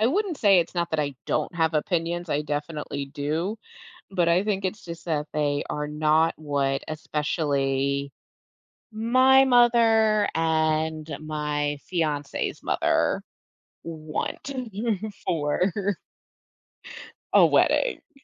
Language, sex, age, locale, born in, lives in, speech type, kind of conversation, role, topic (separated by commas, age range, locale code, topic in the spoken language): English, female, 35-39, en-US, United States, United States, conversation, advice, user, How can I plan my wedding while respecting differing opinions from family and friends?